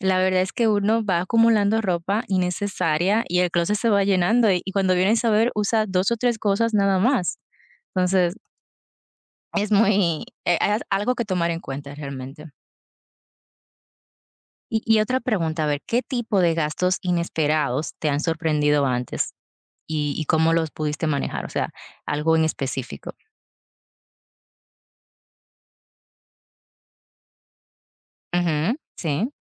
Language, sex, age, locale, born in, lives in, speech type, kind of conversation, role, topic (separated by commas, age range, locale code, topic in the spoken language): Spanish, female, 30-34, es-DO, Dominican Republic, United States, conversation, unstructured, none, ¿Cómo te preparas para afrontar gastos inesperados?